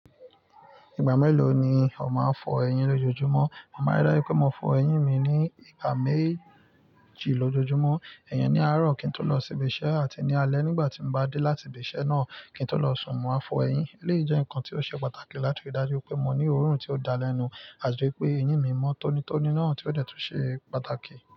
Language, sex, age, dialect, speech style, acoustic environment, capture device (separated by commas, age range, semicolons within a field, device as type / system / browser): Yoruba, male, 25-34, Nigeria - Nigerian Standard, excited, nature, mobile / Linux / Chrome